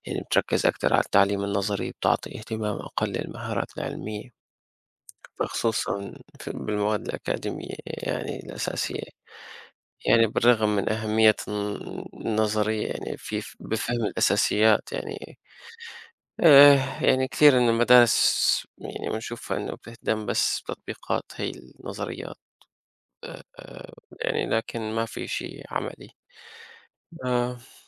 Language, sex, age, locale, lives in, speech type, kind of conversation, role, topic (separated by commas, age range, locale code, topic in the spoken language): Arabic, male, 25-29, ar-SY, United States, conversation, unstructured, none, هل المدارس بتهتم بتعليم المهارات العملية كفاية؟